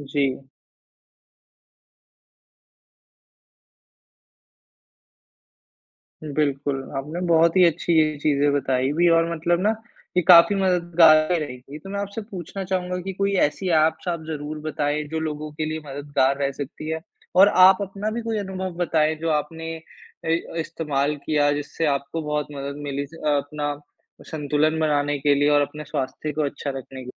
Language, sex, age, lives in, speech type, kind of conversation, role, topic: Hindi, male, 55-59, India, conversation, podcast, host, आप स्वस्थ आदतों की दिनचर्या को लंबे समय तक टिकाऊ कैसे बनाए रखते हैं?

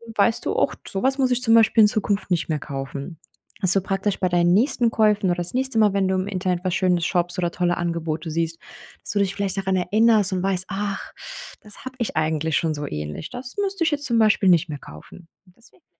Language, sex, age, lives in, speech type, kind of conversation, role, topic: German, female, 30-34, Germany, conversation, advice, advisor, Wie kann ich sinnvoll entscheiden, wenn mich Angebote und Kaufentscheidungen überwältigen?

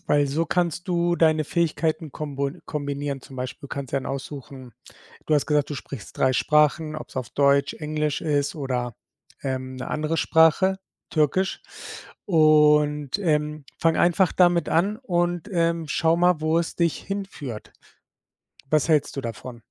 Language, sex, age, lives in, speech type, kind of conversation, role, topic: German, male, 40-44, Spain, conversation, advice, advisor, Wie gehe ich mit dem Druck um, in meiner Karriere schneller aufzusteigen als Gleichaltrige?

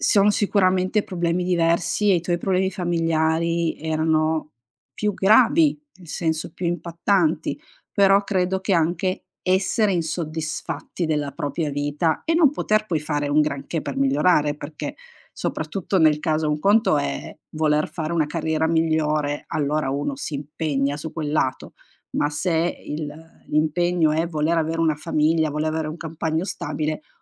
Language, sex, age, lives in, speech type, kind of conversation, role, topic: Italian, female, 45-49, Italy, conversation, advice, advisor, Come posso affrontare un litigio e un periodo di silenzio con un amico molto caro?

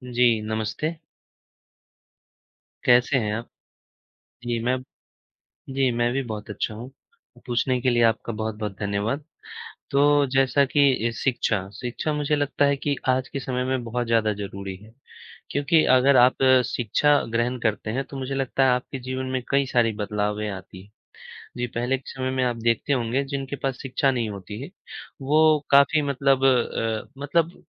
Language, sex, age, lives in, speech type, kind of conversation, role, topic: Hindi, male, 25-29, India, conversation, unstructured, none, शिक्षा आपके सपनों को पूरा करने में कैसे मदद कर सकती है?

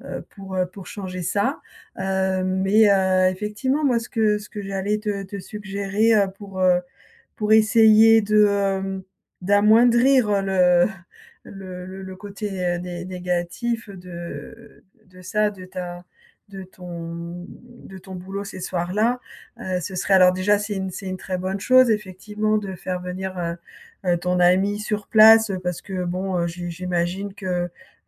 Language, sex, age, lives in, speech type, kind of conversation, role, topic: French, female, 55-59, France, conversation, advice, advisor, Comment mieux concilier mon travail et mon bien-être personnel au quotidien ?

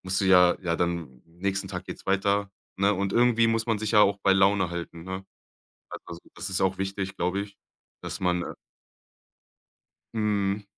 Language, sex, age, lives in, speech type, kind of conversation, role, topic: German, male, 25-29, Germany, conversation, podcast, guest, Was ist dein liebstes kleines Feierabendritual?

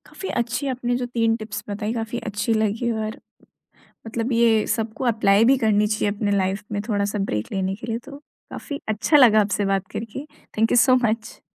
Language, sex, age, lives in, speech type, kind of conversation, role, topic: Hindi, female, 20-24, India, conversation, podcast, host, Social media se break lena creativity ke liye zaroori hai kya?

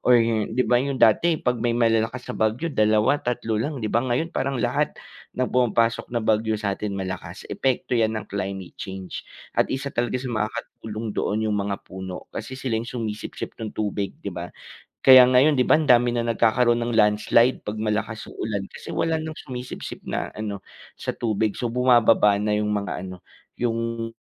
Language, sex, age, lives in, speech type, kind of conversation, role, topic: Filipino, male, 25-29, Philippines, conversation, unstructured, none, Bakit mahalaga ang pagtatanim ng mga puno sa ating paligid?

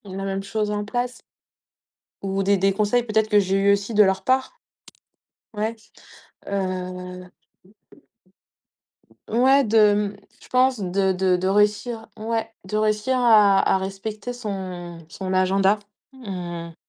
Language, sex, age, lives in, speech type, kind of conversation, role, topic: French, female, 35-39, France, conversation, podcast, guest, Comment fais-tu pour équilibrer ton travail et ta vie personnelle au quotidien ?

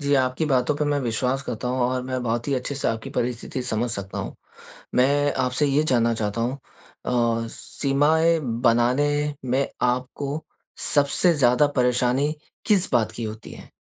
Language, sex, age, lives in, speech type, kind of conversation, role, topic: Hindi, male, 20-24, India, conversation, advice, advisor, मैं अपनी सीमाएँ और प्राथमिकताएँ साफ़ तरीके से कैसे तय करूँ?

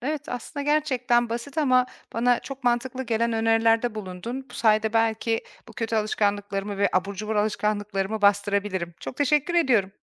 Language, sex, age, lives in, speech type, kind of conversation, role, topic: Turkish, female, 40-44, Portugal, read, expert-assistant, asker, Abur cubur isteğini azaltmanın en etkili yolları nelerdir?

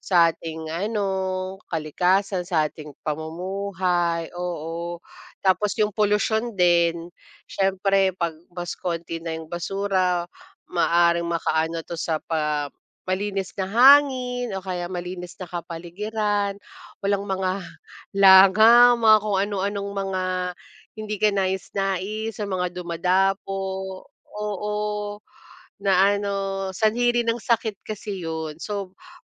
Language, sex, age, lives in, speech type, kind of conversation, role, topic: Filipino, female, 35-39, Philippines, conversation, unstructured, none, Paano makatutulong ang pagre-recycle sa pagbabawas ng basura?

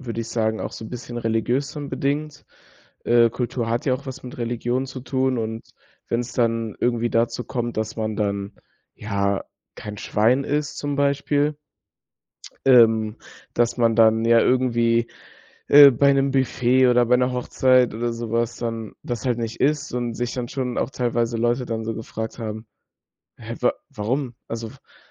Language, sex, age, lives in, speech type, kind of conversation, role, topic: German, male, 18-19, Germany, conversation, podcast, guest, Wie löst du kulturelle Missverständnisse im Alltag?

